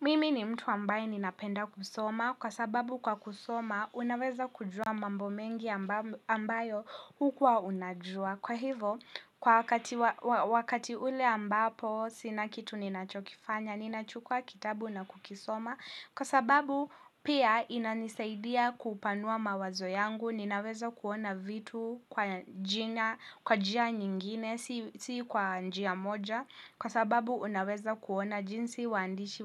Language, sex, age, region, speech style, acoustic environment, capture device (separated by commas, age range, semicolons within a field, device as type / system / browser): Swahili, female, 18-24, Kenya, happy, people talking, mobile / Linux / Chrome